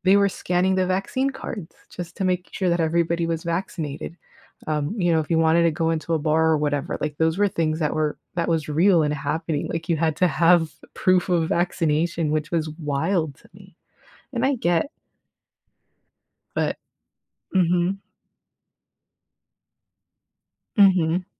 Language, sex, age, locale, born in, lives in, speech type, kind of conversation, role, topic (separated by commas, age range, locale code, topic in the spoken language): English, female, 35-39, en-US, United States, United States, conversation, podcast, guest, Why is it important to understand the history of pandemics in shaping our response to future health challenges?